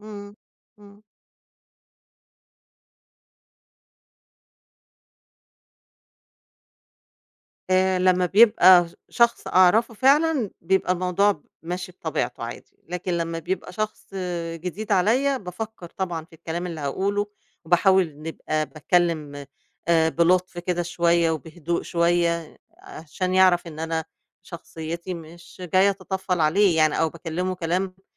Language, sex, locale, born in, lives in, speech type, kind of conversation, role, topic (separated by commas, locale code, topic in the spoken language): Arabic, female, ar-EG, Egypt, Egypt, conversation, podcast, guest, إزاي بتبدأ الكلام مع حدّ جديد غالبًا؟